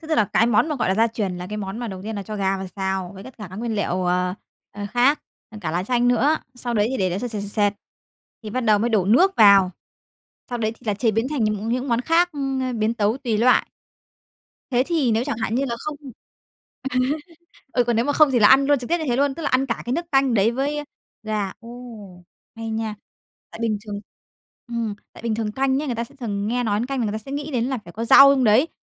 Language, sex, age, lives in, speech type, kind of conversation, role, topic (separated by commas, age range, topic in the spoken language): Vietnamese, female, 30-34, Vietnam, conversation, podcast, host, Món ăn gia truyền đã ảnh hưởng đến bạn như thế nào?